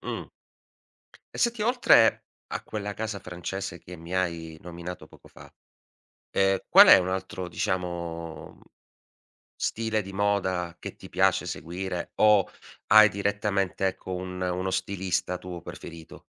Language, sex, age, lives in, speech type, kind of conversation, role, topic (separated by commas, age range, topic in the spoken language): Italian, male, 40-44, Italy, conversation, podcast, host, Segui la moda straniera o resti fedele al tuo stile locale?